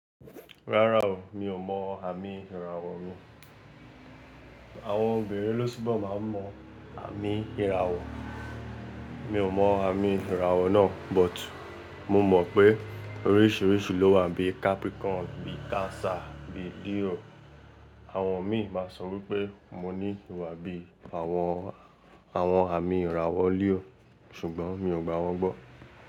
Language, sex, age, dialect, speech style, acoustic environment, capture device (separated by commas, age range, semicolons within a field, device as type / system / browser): Yoruba, male, 18-24, Nigeria - Lagos Yoruba, relaxed, people talking; traffic, mobile / Linux / Chrome